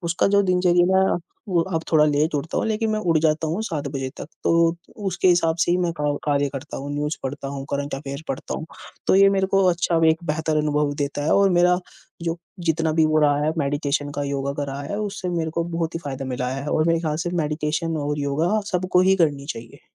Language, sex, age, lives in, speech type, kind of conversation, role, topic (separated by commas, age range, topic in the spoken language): Hindi, female, 20-24, India, conversation, unstructured, none, आप अपने दिन की शुरुआत कैसे करते हैं?